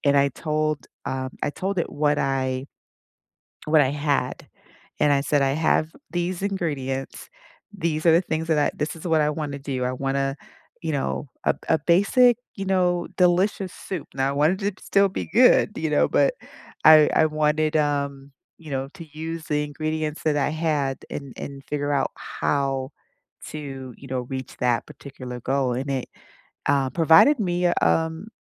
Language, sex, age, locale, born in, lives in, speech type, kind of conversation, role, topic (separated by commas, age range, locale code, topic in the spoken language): English, female, 50-54, en-US, United States, United States, conversation, unstructured, none, What’s the best meal you’ve cooked or shared recently, and what made it special to you?